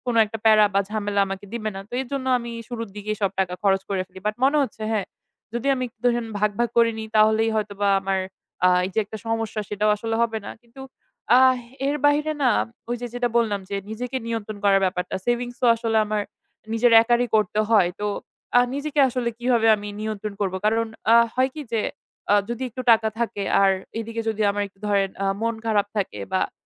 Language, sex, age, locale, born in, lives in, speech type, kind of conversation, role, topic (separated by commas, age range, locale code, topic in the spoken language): Bengali, female, 20-24, bn-BD, Bangladesh, Bangladesh, conversation, advice, user, কেনাকাটার বিষয়ে সহজভাবে ভালো সিদ্ধান্ত কীভাবে নেওয়া যায়?